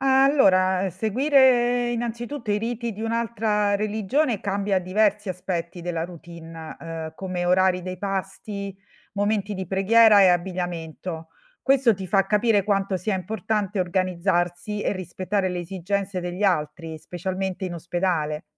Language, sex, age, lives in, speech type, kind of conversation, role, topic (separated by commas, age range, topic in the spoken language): Italian, female, 45-49, Italy, read, expert-assistant, expert, Cosa cambierebbe nella tua vita quotidiana se dovessi seguire i riti di una religione diversa dalla tua per una settimana?